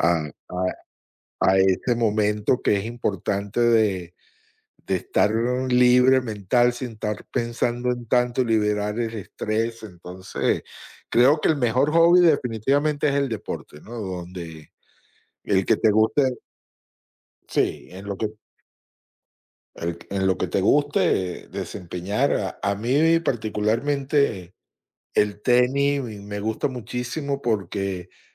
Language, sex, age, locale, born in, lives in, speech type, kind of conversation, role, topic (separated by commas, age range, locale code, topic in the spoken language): Spanish, male, 20-24, es-VE, United States, United States, conversation, unstructured, none, ¿Qué tan importante es para ti tener un pasatiempo en tu vida diaria?